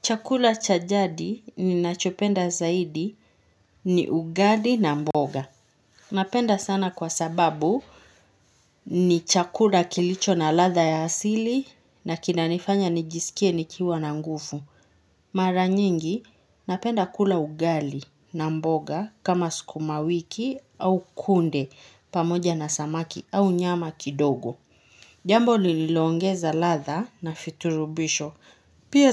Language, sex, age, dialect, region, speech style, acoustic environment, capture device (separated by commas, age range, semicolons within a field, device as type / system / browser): Swahili, female, 25-34, Kenya - Nairobi Sheng-influenced, Kenya, happy, nature, mobile / Linux / Chrome